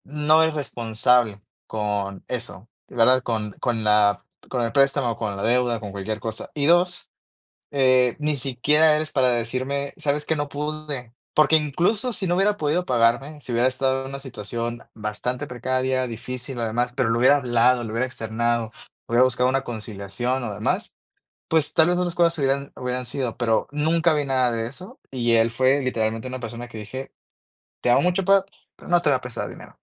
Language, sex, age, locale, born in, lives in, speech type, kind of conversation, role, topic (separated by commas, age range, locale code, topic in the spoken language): Spanish, male, 30-34, es-MX, Mexico, Mexico, conversation, podcast, guest, ¿Cómo estableces y mantienes límites personales?